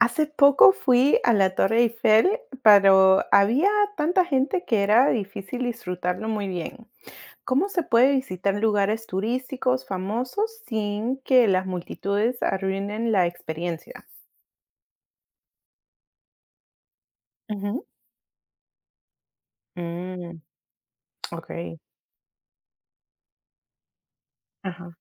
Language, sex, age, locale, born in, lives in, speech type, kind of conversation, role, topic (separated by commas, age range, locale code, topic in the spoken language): Spanish, female, 30-34, es-PE, United States, United States, read, expert-assistant, asker, ¿Cómo visitar sitios turísticos famosos evitando las multitudes?